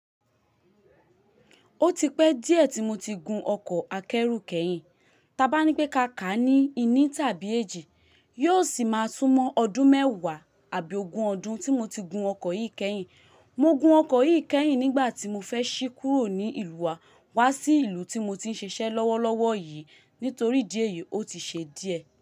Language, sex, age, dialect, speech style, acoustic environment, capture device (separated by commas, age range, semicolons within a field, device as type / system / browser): Yoruba, female, 25-34, Nigeria - Lagos, excited, people talking, mobile / Linux / Chrome